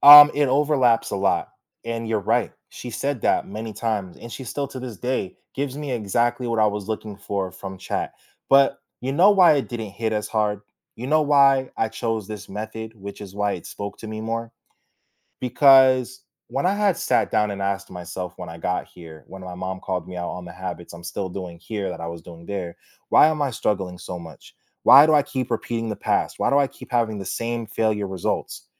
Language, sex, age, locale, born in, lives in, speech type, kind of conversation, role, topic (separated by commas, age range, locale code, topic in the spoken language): English, male, 25-29, en-US, United States, United States, conversation, podcast, guest, How have your daily routines shaped who you are today?